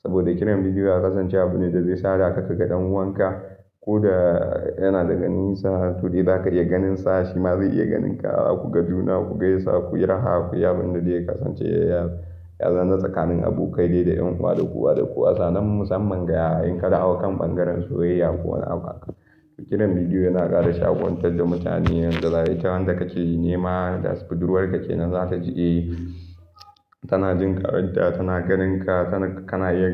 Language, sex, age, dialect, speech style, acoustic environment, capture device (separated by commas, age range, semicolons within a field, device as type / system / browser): Hausa, male, 18-24, Nigeria - Kano, excited; focused, nature; silence, mobile / Linux / Chrome